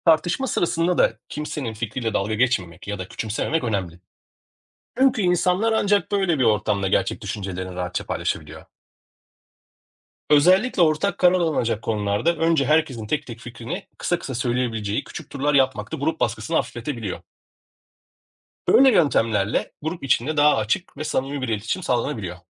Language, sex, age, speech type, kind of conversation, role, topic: Turkish, male, 40-44, read, expert-assistant, expert, Grup tatilleri daha adil ve esnek bir şekilde nasıl planlanabilir?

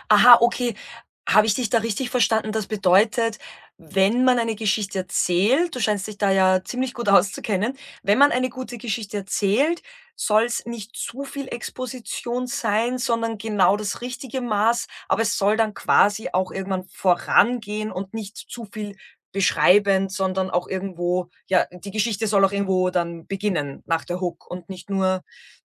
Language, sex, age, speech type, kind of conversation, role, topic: German, female, 35-39, conversation, podcast, host, Wie beginnst du eine gute Geschichte so, dass die Leute dranbleiben?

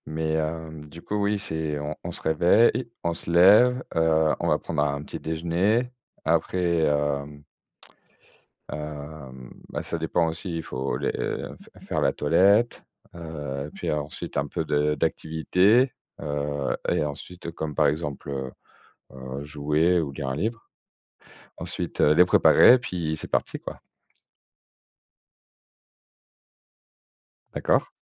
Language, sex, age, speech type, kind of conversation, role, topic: French, male, 40-44, conversation, unstructured, none, Quelles habitudes t’aident à bien commencer ta matinée ?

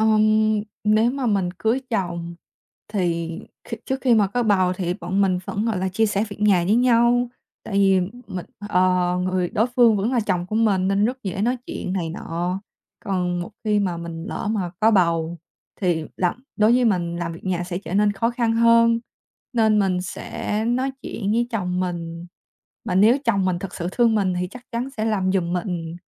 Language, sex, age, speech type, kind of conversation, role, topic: Vietnamese, female, 20-24, conversation, podcast, guest, Bạn nghĩ thế nào về việc chia sẻ công việc nhà trong gia đình?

